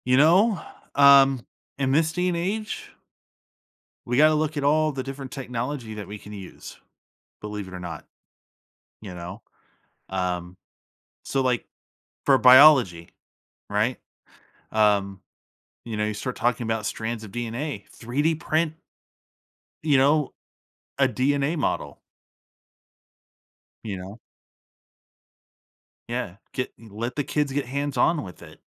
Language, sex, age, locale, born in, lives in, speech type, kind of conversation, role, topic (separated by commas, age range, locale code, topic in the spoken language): English, male, 30-34, en-US, United States, United States, conversation, podcast, guest, What are some ways to inspire curiosity and excitement in learning?